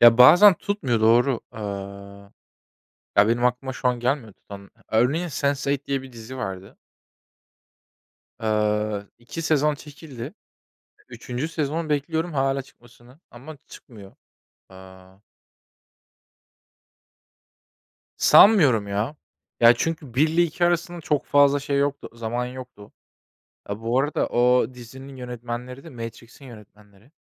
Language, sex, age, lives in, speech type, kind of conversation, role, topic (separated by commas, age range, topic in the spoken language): Turkish, male, 25-29, Germany, conversation, unstructured, none, Popüler diziler neden bu kadar çok insanın ilgisini çekiyor?